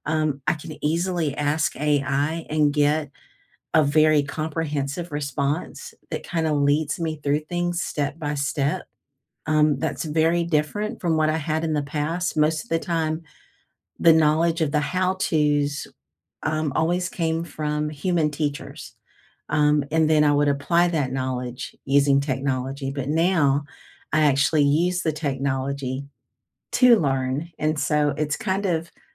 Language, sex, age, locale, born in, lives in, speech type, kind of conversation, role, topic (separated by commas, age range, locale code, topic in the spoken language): English, female, 55-59, en-US, United States, United States, conversation, unstructured, none, How has technology shaped your learning in the past and how is it shaping it now?